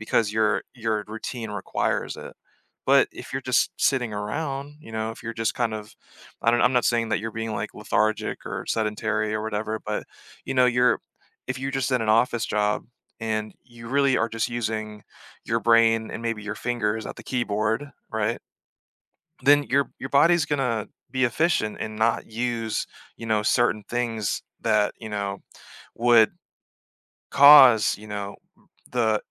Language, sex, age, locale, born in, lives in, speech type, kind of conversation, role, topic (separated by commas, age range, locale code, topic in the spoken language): English, male, 30-34, en-US, Germany, United States, conversation, advice, advisor, How can I build on recent progress in my health and fitness?